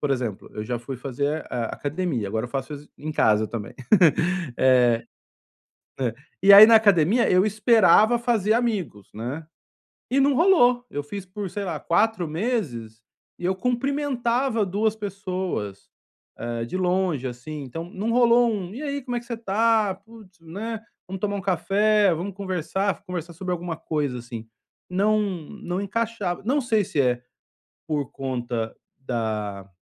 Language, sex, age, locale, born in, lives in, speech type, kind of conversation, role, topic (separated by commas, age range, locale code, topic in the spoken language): Portuguese, male, 45-49, pt-BR, Brazil, Spain, conversation, advice, user, Como posso lidar com a solidão e me reinventar?